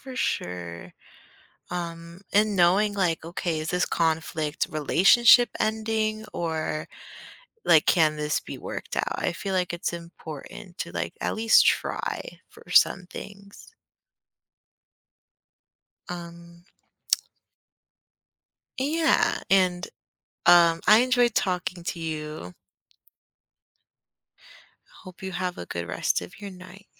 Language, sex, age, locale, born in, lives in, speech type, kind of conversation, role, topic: English, female, 18-19, en-US, United States, United States, conversation, podcast, guest, How do you approach resolving conflicts in different areas of your life?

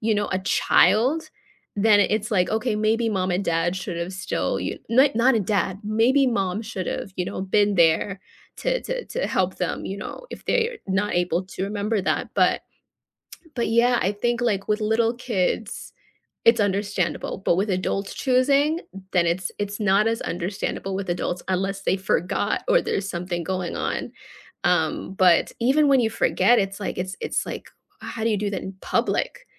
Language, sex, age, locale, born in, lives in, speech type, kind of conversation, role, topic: English, female, 25-29, en-US, United States, United States, conversation, unstructured, none, What is your reaction when people do not flush the toilet properly?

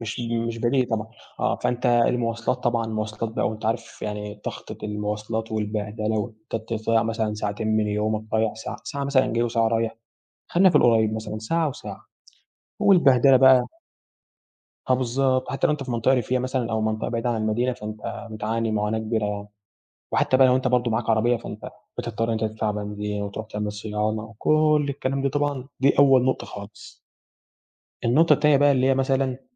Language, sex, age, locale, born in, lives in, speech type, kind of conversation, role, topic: Arabic, male, 18-19, ar-EG, Egypt, Egypt, conversation, podcast, guest, إزاي بتعرف توازن بجد بين الشغل والراحة؟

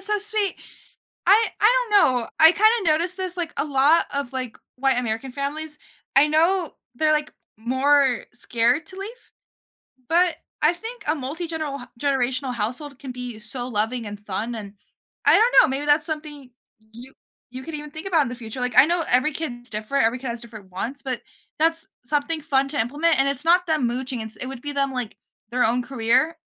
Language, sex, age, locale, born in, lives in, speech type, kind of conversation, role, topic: English, female, 18-19, en-US, United States, United States, conversation, podcast, host, How do you decide between pursuing what you love and choosing a stable path in life?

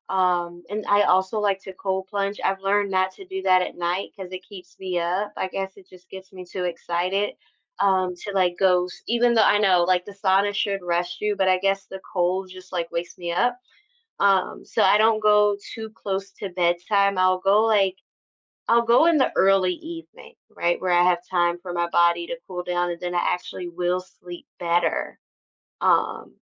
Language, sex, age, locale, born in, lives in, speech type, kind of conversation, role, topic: English, female, 35-39, en-US, United States, United States, conversation, unstructured, none, How do you cope with sleep disruptions that happen for no clear reason?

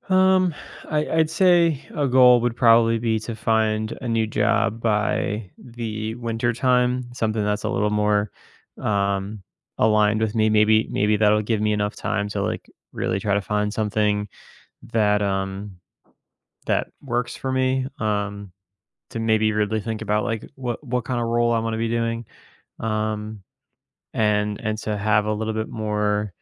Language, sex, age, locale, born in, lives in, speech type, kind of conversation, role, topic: English, male, 30-34, en-US, United States, United States, conversation, advice, user, How can I tell if quitting my job for more freedom is a realistic option for me?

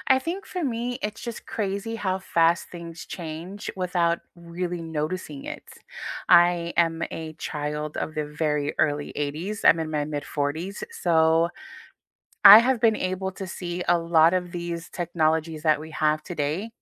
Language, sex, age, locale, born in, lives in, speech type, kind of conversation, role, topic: English, female, 40-44, en-US, United States, United States, conversation, unstructured, none, What surprises you most about how quickly technology changes?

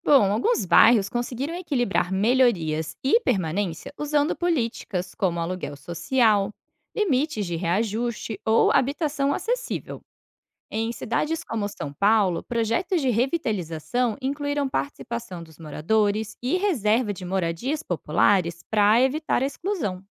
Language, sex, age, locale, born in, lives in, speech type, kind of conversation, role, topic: Portuguese, female, 30-34, pt-BR, Brazil, Portugal, read, expert-assistant, expert, Como melhorar um bairro sem afastar quem já mora lá?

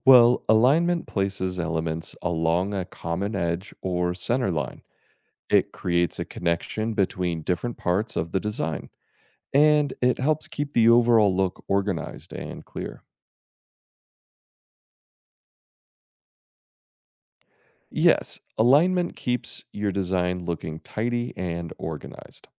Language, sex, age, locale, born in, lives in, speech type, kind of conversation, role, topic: English, male, 35-39, en-US, United States, United States, read, expert-assistant, expert, What are the principles of graphic design?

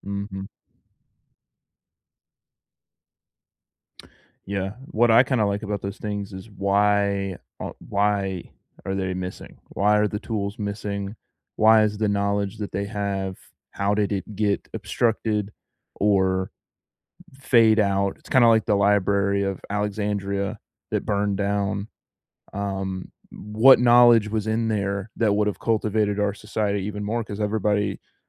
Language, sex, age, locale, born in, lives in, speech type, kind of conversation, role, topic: English, male, 20-24, en-US, United States, United States, conversation, unstructured, none, What is one historical mystery you wish we knew the answer to?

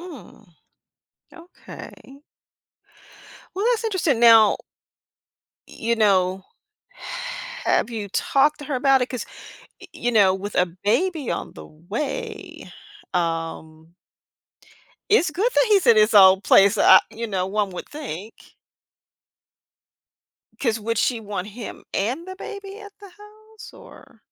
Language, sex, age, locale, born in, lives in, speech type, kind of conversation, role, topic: English, female, 55-59, en-US, United States, United States, conversation, advice, advisor, How can I manage stress at home?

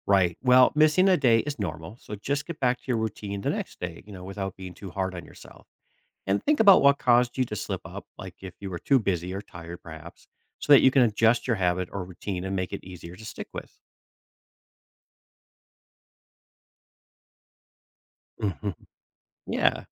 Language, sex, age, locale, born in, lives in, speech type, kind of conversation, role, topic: English, male, 45-49, en-US, United States, United States, read, expert-assistant, expert, How can learning from role models inspire you?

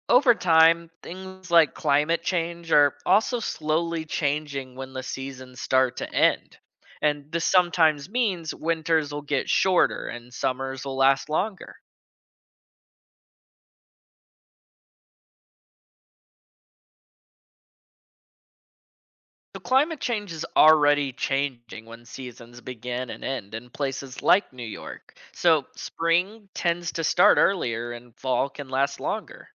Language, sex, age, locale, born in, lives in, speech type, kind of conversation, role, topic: English, male, 20-24, en-US, United States, United States, read, expert-assistant, expert, What causes seasons to change during the year?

